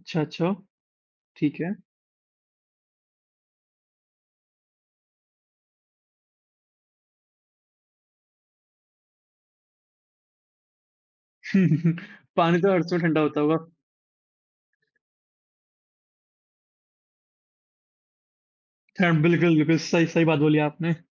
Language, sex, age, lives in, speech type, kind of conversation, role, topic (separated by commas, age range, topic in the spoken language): Hindi, male, 18-19, India, conversation, unstructured, none, गर्मी की छुट्टियों में आप पहाड़ों पर जाना पसंद करेंगे या समुद्र तट पर?